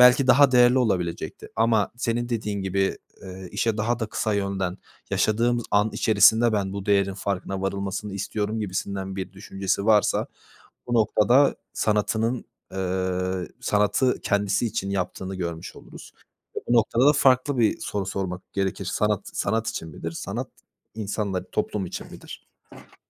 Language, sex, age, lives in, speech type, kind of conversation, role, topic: Turkish, male, 20-24, Poland, conversation, unstructured, none, Hedeflerine ulaşmak için etik olmayan yollara başvurmayı düşünür müsün?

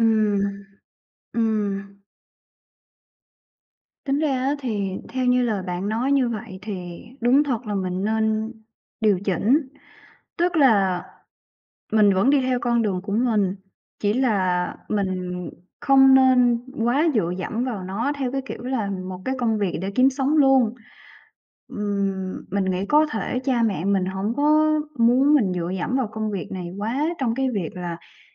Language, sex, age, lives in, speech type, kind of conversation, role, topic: Vietnamese, female, 25-29, Vietnam, conversation, advice, user, Bạn nên làm gì khi không nhận được sự hỗ trợ từ người thân trong quá trình theo đuổi mục tiêu của mình?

